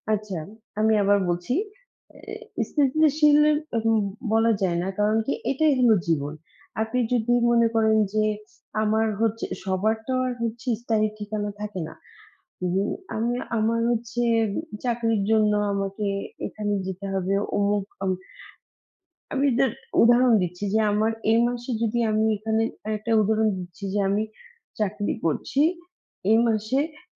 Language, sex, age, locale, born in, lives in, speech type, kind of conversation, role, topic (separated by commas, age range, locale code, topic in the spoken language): Bengali, female, 35-39, bn-BD, Bangladesh, Bangladesh, conversation, unstructured, none, আপনি কি এমন জীবন বেছে নেবেন যেখানে সবসময় ভ্রমণ করবেন কিন্তু স্থায়ী ঠিকানা কম থাকবে, নাকি এমন জীবন যেখানে স্থায়ী ঠিকানা থাকবে কিন্তু ভ্রমণ কম করবেন?